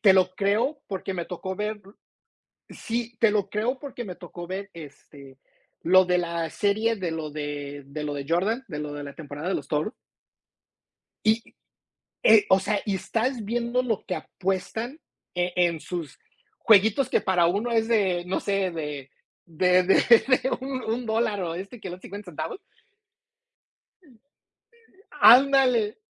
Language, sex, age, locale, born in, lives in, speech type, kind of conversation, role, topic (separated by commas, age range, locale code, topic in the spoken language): Spanish, male, 45-49, es-MX, United States, United States, conversation, unstructured, none, ¿Es justo que algunos deportes paguen mejor a sus atletas?